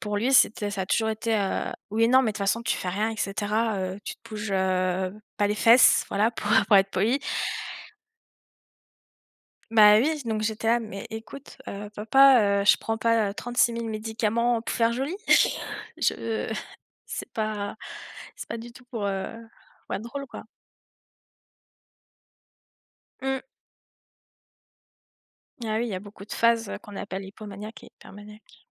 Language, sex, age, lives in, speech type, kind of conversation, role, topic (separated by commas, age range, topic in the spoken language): French, female, 20-24, France, conversation, unstructured, none, Qu’aimerais-tu que les autres comprennent mieux au sujet de la santé mentale ?